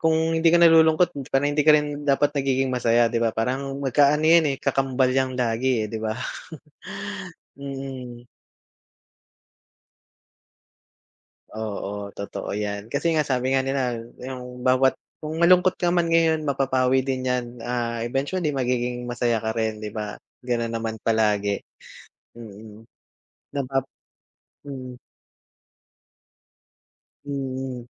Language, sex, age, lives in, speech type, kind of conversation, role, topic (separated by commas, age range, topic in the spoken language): Filipino, male, 35-39, Philippines, conversation, unstructured, none, Normal lang bang makaramdam ng lungkot paminsan-minsan?